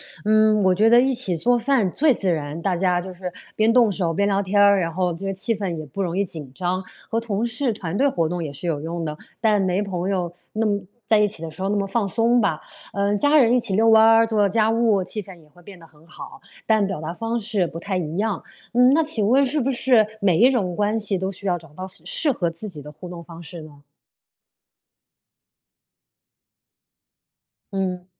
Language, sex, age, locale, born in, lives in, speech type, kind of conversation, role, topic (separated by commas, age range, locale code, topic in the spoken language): Chinese, female, 35-39, zh-CN, China, United States, read, expert-assistant, asker, 碰到朋友误会你时，有什么简单有效的方法可以让大家重新理解彼此？